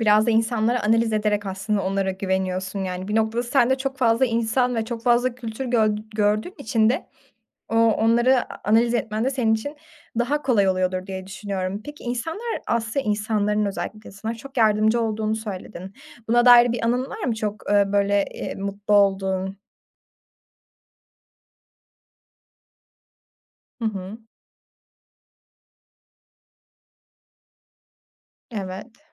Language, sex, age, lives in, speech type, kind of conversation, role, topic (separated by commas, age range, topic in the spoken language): Turkish, female, 20-24, Germany, conversation, podcast, host, Bir keşif gezisinde unutamadığın bir anıyı anlatır mısın?